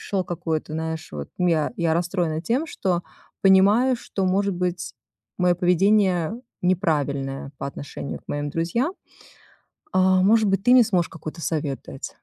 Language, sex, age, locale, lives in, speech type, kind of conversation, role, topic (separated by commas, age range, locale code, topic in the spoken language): Russian, female, 40-44, ru-RU, United States, conversation, advice, user, Как поддерживать связь с приятелями, не становясь навязчивым и не теряя близости?